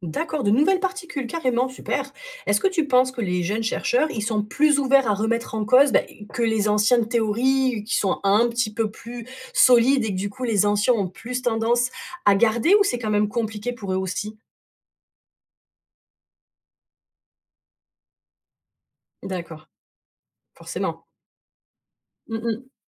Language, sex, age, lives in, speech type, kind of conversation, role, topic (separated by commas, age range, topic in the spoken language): French, female, 35-39, France, read, expert-assistant, asker, Si on pouvait observer l’univers avec de nouveaux outils, quelles découvertes incroyables pourraient en découler ?